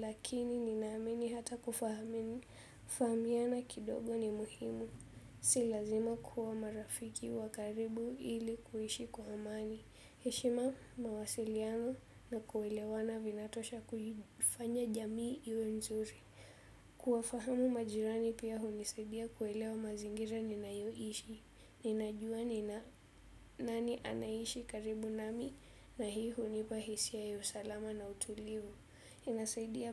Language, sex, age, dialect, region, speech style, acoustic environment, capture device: Swahili, female, 18-24, Kenya - Nairobi Sheng-influenced, Kenya, relaxed, silence, desktop / Windows / Chrome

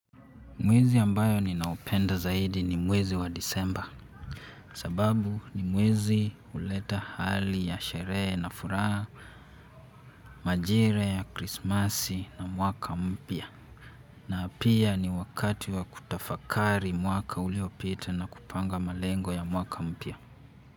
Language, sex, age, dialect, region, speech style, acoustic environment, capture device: Swahili, male, 18-24, Kenya - Nairobi Sheng-influenced, Kenya, happy; relaxed; focused, silence; nature, mobile / Linux / Chrome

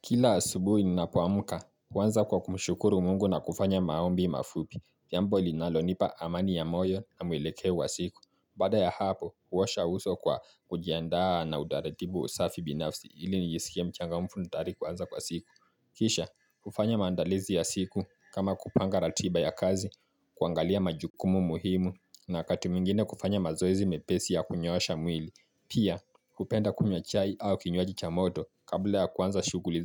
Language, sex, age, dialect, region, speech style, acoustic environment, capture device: Swahili, male, 18-24, Kenya - Mombasa, Kenya, relaxed, silence, mobile / Linux / Chrome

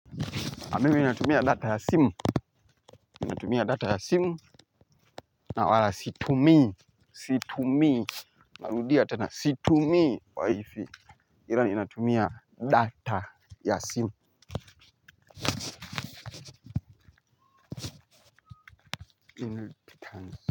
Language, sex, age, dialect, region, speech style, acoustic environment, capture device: Swahili, male, 25-34, Tanzania - Dar es Salaam, Tanzania, happy, nature; people talking, mobile / Linux / Chrome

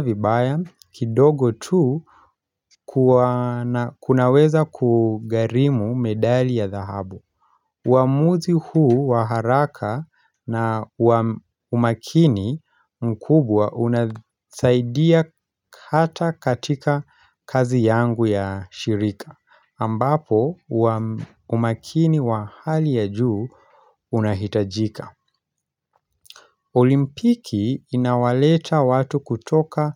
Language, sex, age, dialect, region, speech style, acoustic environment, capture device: Swahili, male, 25-34, Kenya - Nairobi Sheng-influenced, Kenya, relaxed, silence; nature, mobile / Linux / Chrome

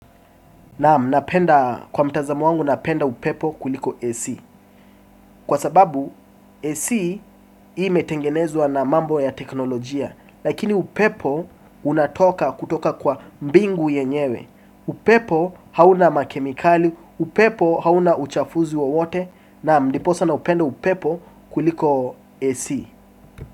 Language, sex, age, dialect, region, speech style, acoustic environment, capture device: Swahili, male, 18-24, Kenya - Mombasa, Kenya, relaxed, appliances, desktop / Windows / Chrome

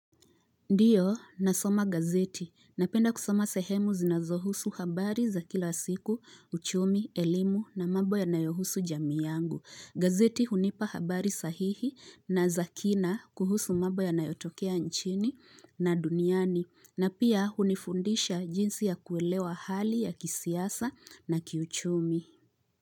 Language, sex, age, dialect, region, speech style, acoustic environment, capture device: Swahili, female, 25-34, Kenya - Nairobi Sheng-influenced, Kenya, relaxed, appliances, mobile / Linux / Chrome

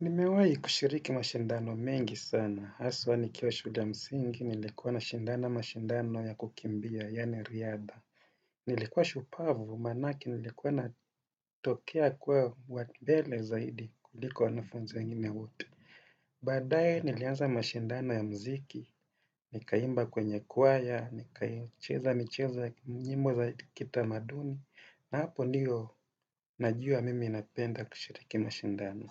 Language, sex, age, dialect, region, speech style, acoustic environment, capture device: Swahili, male, 35-44, Kenya - Mombasa, Kenya, happy; relaxed, nature; silence, desktop / Windows / Edge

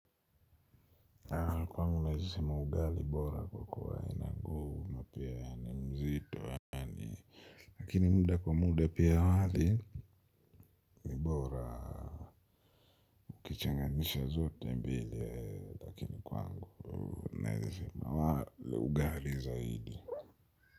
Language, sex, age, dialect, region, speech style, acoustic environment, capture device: Swahili, male, 25-34, Kenya - Nairobi Sheng-influenced, Kenya, relaxed, nature, mobile / Linux / Chrome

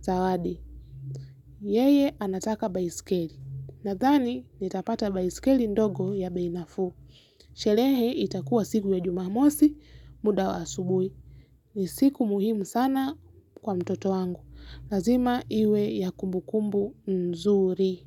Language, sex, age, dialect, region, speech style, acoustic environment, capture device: Swahili, female, 18-24, Kenya - Nairobi Sheng-influenced, Kenya, focused, nature; silence, mobile / Linux / Chrome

